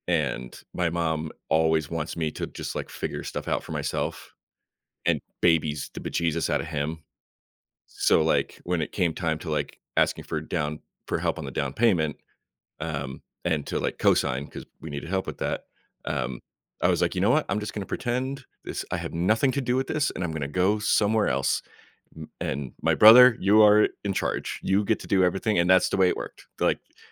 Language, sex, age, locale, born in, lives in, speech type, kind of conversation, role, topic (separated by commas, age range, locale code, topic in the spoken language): English, male, 35-39, en-US, United States, United States, conversation, advice, user, How can I set boundaries with a toxic family member without escalating conflict?